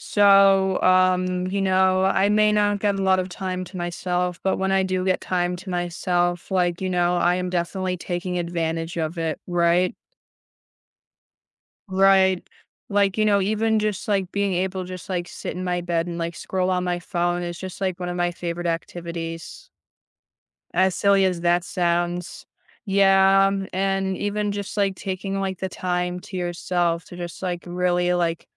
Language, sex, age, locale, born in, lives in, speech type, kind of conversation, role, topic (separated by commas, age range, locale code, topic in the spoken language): English, other, 18-19, en-US, United States, United States, conversation, podcast, guest, What habits or routines help you handle everyday stress?